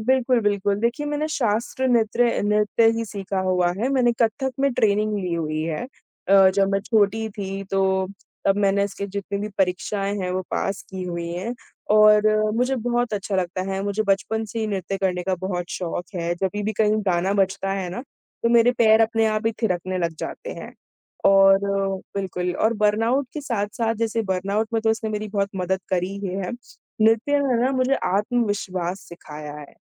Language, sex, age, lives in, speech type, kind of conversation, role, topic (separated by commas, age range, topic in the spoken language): Hindi, female, 20-24, India, conversation, podcast, guest, जब आपको बर्नआउट महसूस हो, तो आप क्या करते हैं?